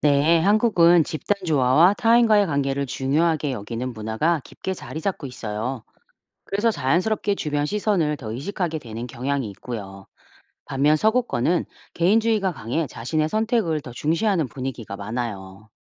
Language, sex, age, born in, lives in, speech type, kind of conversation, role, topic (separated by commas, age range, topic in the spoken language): Korean, female, 45-49, South Korea, United States, read, expert-assistant, expert, ‘한국에서는 남 눈치를 많이 본다’는 이야기가 진짜인가요?